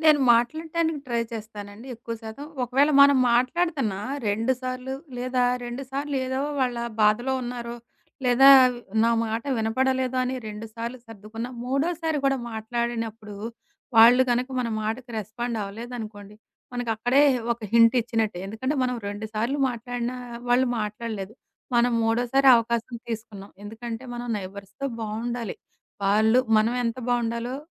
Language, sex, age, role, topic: Telugu, female, 40-44, guest, పక్కింటి పొరుగువారితో బంధాన్ని ఎలా మెరుగుపరుచుకోవాలి?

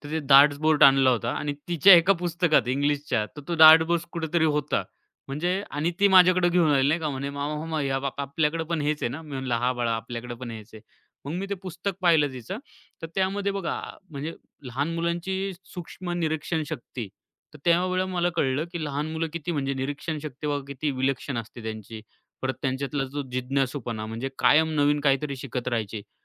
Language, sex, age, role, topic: Marathi, male, 25-29, guest, तुमच्या मेंटरने तुम्हाला दिलेला सर्वोत्तम सल्ला कोणता होता?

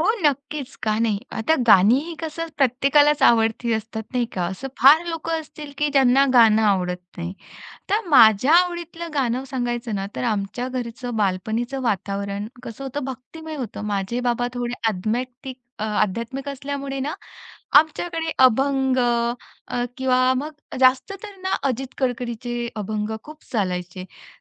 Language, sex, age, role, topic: Marathi, female, 35-39, guest, घरी ऐकलेल्या जुन्या गाण्यांशी तुमचं नातं कसं आहे?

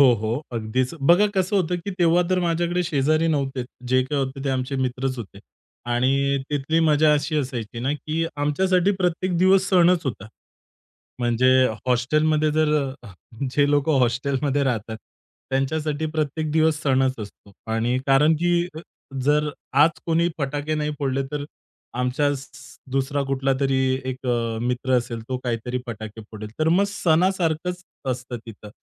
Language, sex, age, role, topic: Marathi, male, 30-34, guest, तुमच्या मते ‘घरासारखा’ वाटणारा समुदाय कसा असतो?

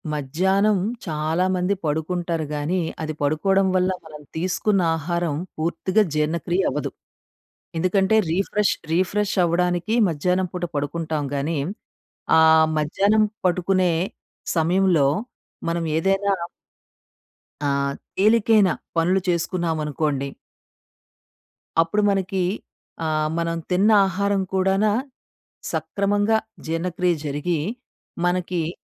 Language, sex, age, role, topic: Telugu, female, 40-44, guest, బిజీ రోజుల్లో మీ ఆరోగ్యాన్ని ఎలా నిలబెట్టుకుంటారు?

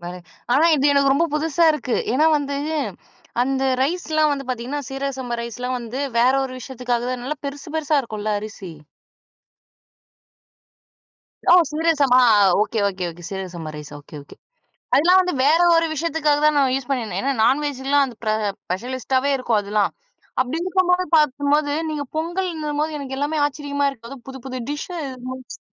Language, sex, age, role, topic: Tamil, female, 20-24, host, கஷ்டமான நாளில் மனசுக்கு நிம்மதி கிடைக்க நீங்கள் என்ன சமைப்பீர்கள்?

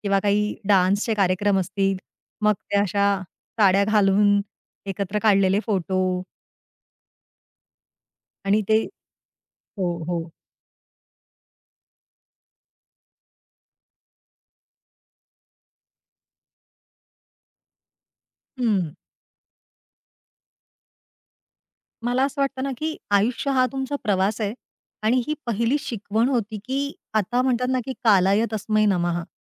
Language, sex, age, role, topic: Marathi, female, 40-44, guest, बालपणाच्या साध्या आठवणी पुन्हा जगवण्यासाठी तुम्ही काय करता?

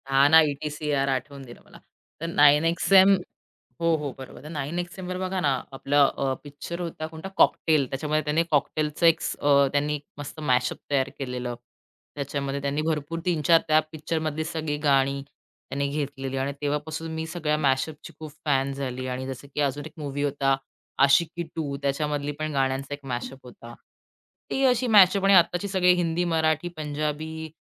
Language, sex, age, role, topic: Marathi, female, 30-34, guest, नवीन गाणी शोधण्याची तुमची पद्धत काय आहे?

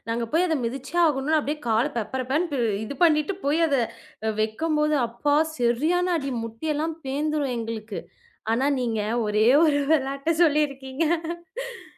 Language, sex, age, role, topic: Tamil, female, 25-29, guest, உங்களுக்கு பழைய விளையாட்டுகள் நினைவுக்கு வருகிறதா?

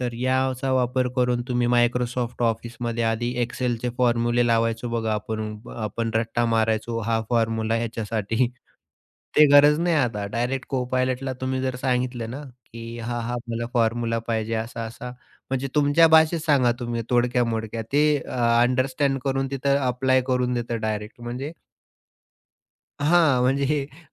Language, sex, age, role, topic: Marathi, male, 30-34, guest, एआय किंवा चॅटबॉट्स दैनंदिन कामांमध्ये तुम्हाला कशी मदत करतात?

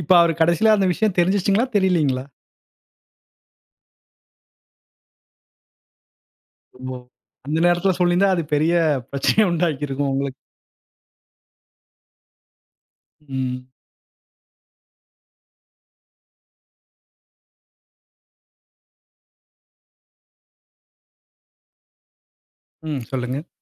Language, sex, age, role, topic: Tamil, male, 35-39, host, சிரிப்பும் உணர்ச்சியும் சேர்க்கும் தருணங்களை நீங்கள் எப்படி உருவாக்குகிறீர்கள்?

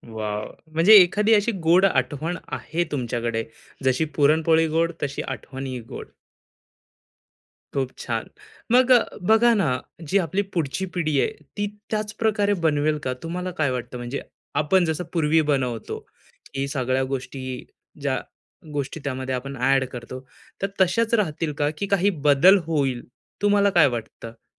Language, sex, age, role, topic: Marathi, male, 25-29, host, कुटुंबातली कोणती पाककृती तुला जपायला आवडते?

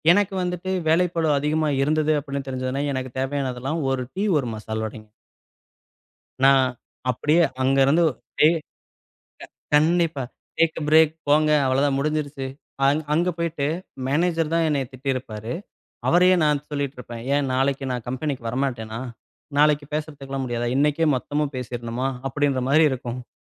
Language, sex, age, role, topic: Tamil, male, 35-39, host, வேலைப்பளுவால் மனஅழுத்தம் ஏற்பட்டால் நீங்கள் முதலில் என்ன செய்வீர்கள்?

